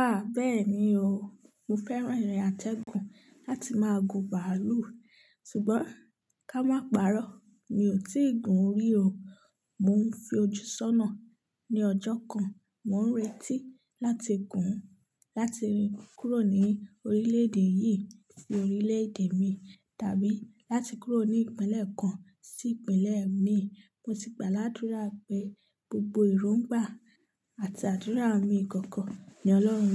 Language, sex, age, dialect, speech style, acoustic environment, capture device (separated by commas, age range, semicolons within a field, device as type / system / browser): Yoruba, female, 25-34, Nigeria - Nigerian Standard, excited; happy, silence, mobile / Linux / Chrome